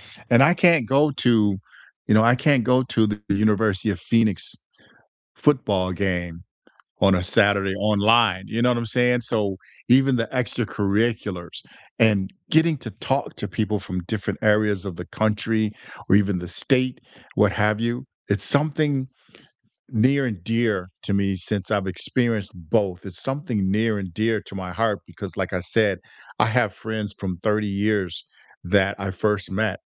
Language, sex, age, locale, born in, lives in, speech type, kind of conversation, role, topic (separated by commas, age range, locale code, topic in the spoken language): English, male, 60-64, en-US, United States, United States, conversation, unstructured, none, How do you learn best—by seeing, hearing, or doing—and how can we support each other’s learning?